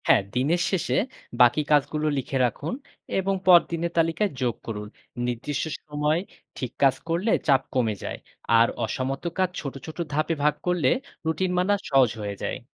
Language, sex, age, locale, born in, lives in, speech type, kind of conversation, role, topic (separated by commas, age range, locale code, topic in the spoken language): Bengali, male, 30-34, bn-BD, Bangladesh, Bangladesh, read, expert-assistant, expert, কোনো কাজ বারবার ফেলে রাখার অভ্যাস কমানোর জন্য কীভাবে নিজের দিনের পরিকল্পনা সাজানো যেতে পারে?